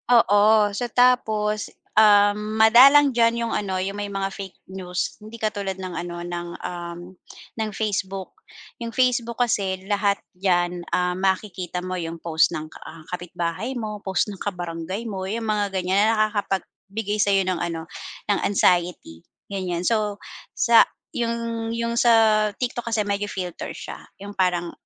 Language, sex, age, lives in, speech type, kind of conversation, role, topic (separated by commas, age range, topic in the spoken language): Filipino, female, 40-44, Philippines, conversation, podcast, guest, Ano ang ginagawa mo para makapagpahinga muna sa paggamit ng mga platapormang panlipunan?